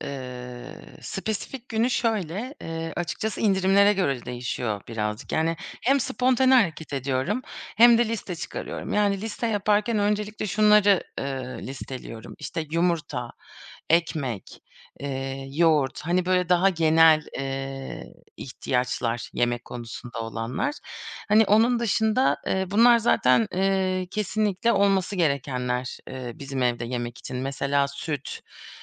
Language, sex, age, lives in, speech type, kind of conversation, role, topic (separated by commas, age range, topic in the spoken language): Turkish, female, 30-34, Germany, conversation, podcast, guest, Genelde yemek yapmayı nasıl organize ediyorsun?